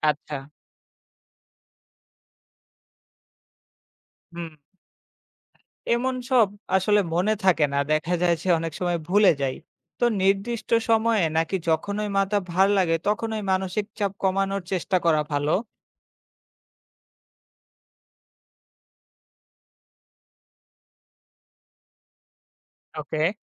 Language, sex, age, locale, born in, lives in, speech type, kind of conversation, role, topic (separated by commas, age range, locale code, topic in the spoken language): Bengali, male, 25-29, bn-BD, Bangladesh, Bangladesh, read, expert-assistant, asker, কাজের সময় মনোযোগ ধরে রাখতে আমাদের শরীরের কোন দিকগুলো লক্ষ্য রাখা উচিত, আর কীভাবে সেটা সম্ভব?